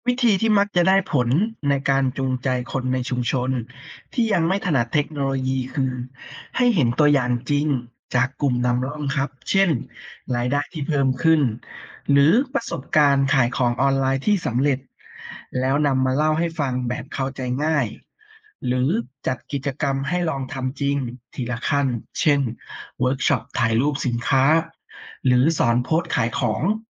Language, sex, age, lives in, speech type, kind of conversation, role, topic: Thai, female, 30-34, Thailand, read, expert-assistant, expert, เทคโนโลยีใหม่ช่วยต่อยอดสินค้าท้องถิ่นในชุมชนได้อย่างไร?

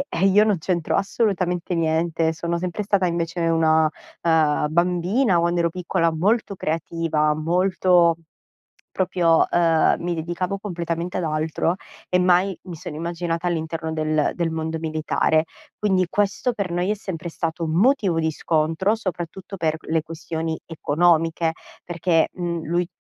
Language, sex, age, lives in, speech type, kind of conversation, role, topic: Italian, female, 30-34, Italy, conversation, advice, user, Come posso stabilire confini più chiari con la mia famiglia?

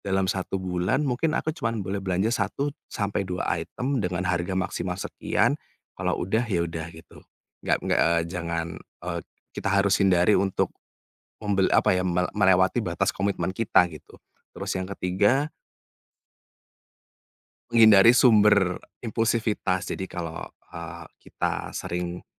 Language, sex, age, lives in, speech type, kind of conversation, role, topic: Indonesian, male, 30-34, Indonesia, conversation, advice, advisor, Bagaimana caranya agar saya bisa rutin menabung meski kebiasaan belanja impulsif sering menghalangi?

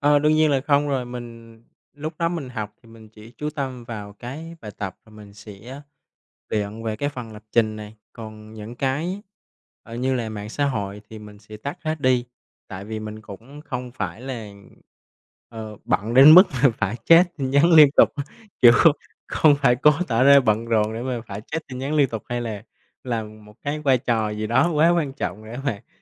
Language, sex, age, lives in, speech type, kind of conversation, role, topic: Vietnamese, male, 25-29, Vietnam, conversation, podcast, guest, Bạn thích học nhóm hay học một mình, và vì sao?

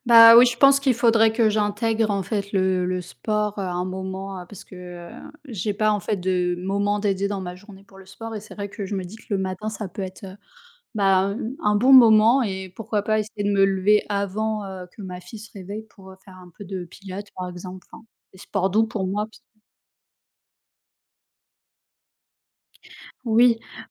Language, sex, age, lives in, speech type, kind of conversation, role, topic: French, female, 30-34, France, conversation, unstructured, none, Qu’est-ce que tu apprécies dans ta routine matinale ?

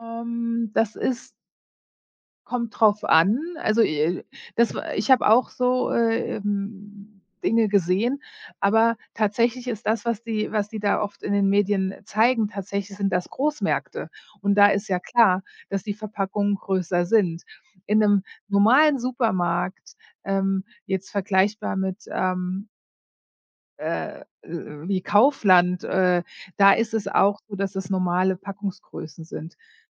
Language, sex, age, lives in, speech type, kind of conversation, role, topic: German, female, 40-44, United States, conversation, podcast, guest, Wie gehst du persönlich mit Müll und Plastik um?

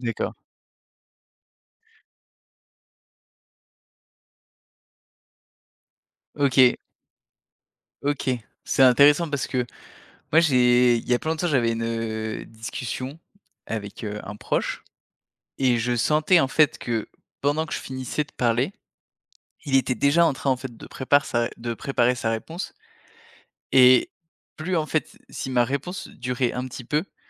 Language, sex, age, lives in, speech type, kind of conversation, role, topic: French, male, 30-34, France, conversation, podcast, host, Comment pratiquer l’écoute active à la maison sans interrompre l’autre ?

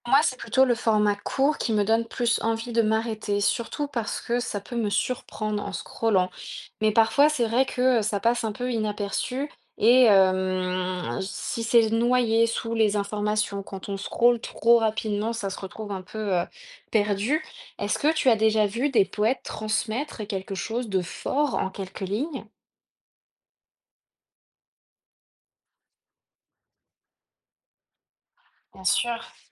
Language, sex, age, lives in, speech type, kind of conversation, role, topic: French, female, 30-34, France, read, expert-assistant, asker, Où pourrais-je commencer si j’ai envie de lire de la poésie qui sort des sentiers battus ?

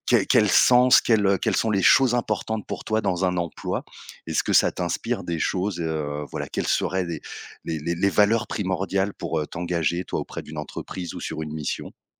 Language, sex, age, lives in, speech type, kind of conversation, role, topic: French, male, 30-34, France, conversation, podcast, host, Salaire ou sens : qu’est-ce qui compte le plus pour toi ?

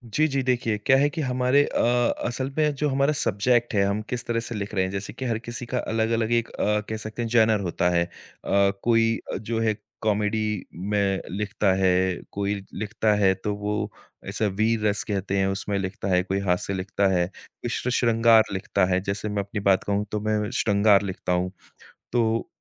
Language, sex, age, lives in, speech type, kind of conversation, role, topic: Hindi, male, 30-34, India, conversation, podcast, guest, Kisi hobby karte waqt tumhe “flow” ka ehsaas kaise hota hai?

